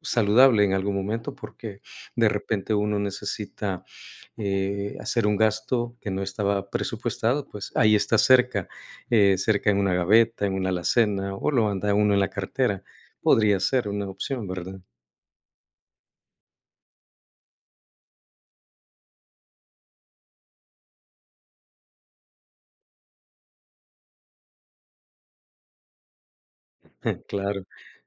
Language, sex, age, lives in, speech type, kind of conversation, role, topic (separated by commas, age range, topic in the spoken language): Spanish, male, 55-59, United States, conversation, unstructured, none, ¿Cómo manejas tu presupuesto mensual?